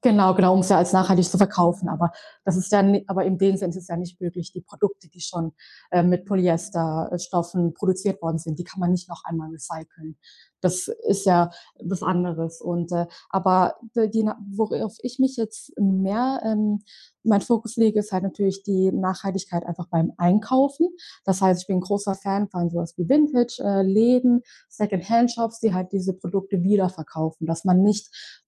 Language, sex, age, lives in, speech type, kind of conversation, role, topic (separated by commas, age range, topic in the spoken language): German, female, 20-24, Germany, conversation, podcast, guest, Was denkst du über Nachhaltigkeit in der Mode?